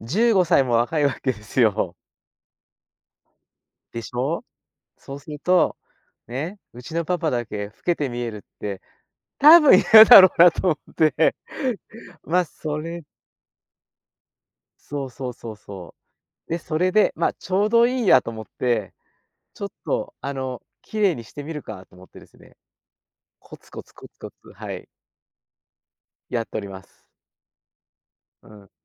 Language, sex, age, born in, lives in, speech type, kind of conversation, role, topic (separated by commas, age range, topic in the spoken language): Japanese, male, 60-64, Japan, Japan, conversation, podcast, guest, 朝はいつも何をしていますか？